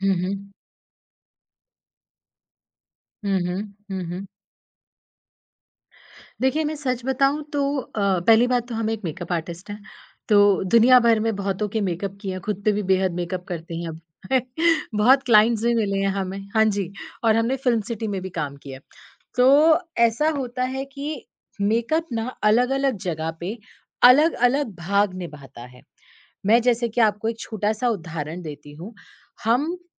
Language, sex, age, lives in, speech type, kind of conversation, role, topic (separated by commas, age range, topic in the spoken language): Hindi, female, 30-34, India, conversation, podcast, guest, क्या मेकअप आपको आत्मविश्वास देता है या प्राकृतिक रूप ज़्यादा पसंद है?